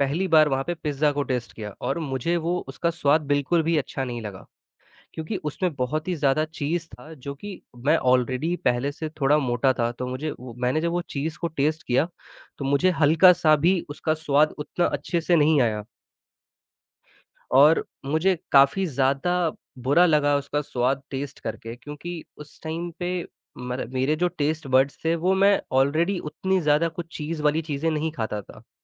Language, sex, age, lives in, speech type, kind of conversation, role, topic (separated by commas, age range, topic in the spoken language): Hindi, male, 18-19, India, conversation, unstructured, none, आपको कौन-सा अजीब भोजन बिल्कुल पसंद नहीं है?